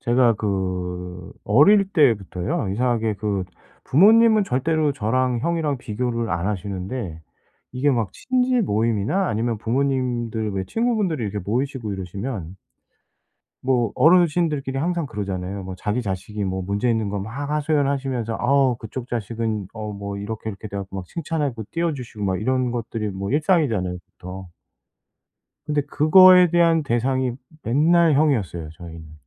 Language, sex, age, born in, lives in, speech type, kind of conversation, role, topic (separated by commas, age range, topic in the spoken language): Korean, male, 45-49, South Korea, South Korea, conversation, advice, user, 비교하는 습관을 멈추고 스스로를 더 받아들일 수 있을까요?